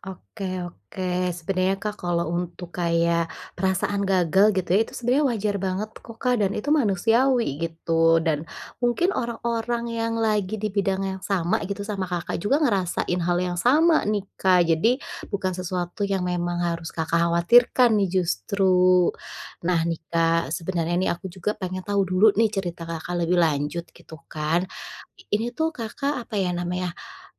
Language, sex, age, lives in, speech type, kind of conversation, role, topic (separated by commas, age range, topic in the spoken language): Indonesian, female, 35-39, Indonesia, conversation, advice, advisor, Bagaimana saya bisa mulai bergerak lagi meski takut gagal?